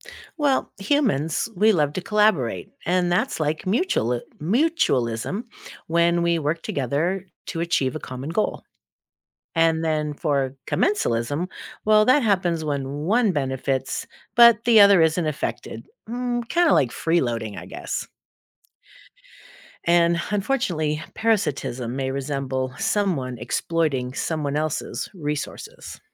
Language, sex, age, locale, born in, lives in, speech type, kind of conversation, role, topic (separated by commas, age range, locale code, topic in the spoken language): English, female, 60-64, en-US, United States, United States, read, expert-assistant, expert, What is the role of symbiosis in nature?